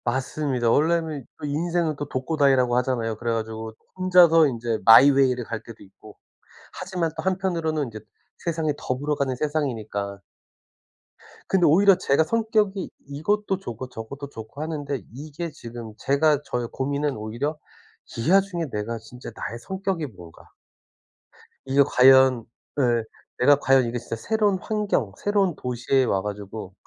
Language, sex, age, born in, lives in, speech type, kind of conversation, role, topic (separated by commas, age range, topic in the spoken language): Korean, male, 40-44, South Korea, United States, conversation, advice, user, 새로운 도시에서 외로움을 극복하고 사회적 관계를 어떻게 만들 수 있나요?